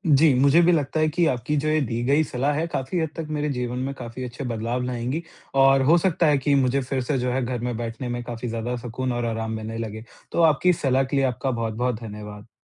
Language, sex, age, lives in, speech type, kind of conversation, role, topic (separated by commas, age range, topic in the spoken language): Hindi, male, 45-49, India, conversation, advice, user, घर पर मैं आराम से कैसे बैठ पाऊँ?